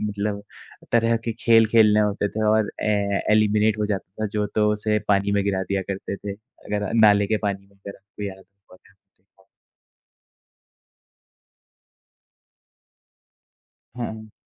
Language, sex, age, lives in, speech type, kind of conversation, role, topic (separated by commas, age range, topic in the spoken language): Hindi, male, 20-24, India, conversation, unstructured, none, आपके बचपन का सबसे पसंदीदा कार्टून कौन-सा था?